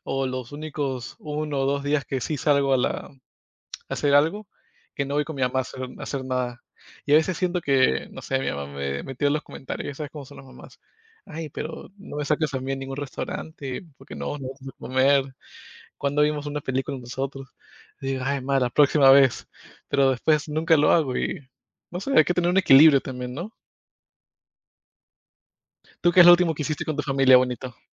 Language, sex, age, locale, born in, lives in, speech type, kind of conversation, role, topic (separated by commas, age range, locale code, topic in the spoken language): Spanish, male, 25-29, es-PE, United States, United States, conversation, unstructured, none, ¿Cómo equilibras tu tiempo entre la familia y tus amigos?